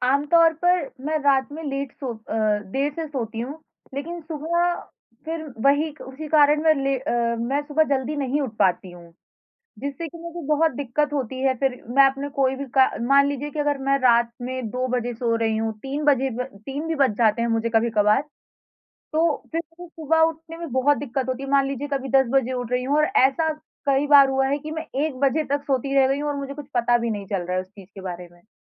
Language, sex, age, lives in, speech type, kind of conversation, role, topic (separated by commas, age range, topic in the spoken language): Hindi, female, 20-24, India, conversation, advice, user, क्या आपको रात में नियमित समय पर सोने में असफलता महसूस होती है?